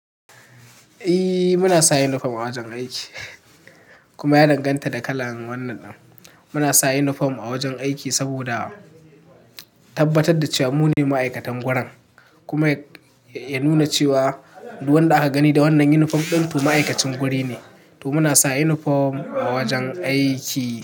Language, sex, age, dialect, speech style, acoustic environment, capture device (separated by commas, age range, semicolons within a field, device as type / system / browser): Hausa, male, 18-24, Nigeria - Katsina, happy, people talking, mobile / Linux / Chrome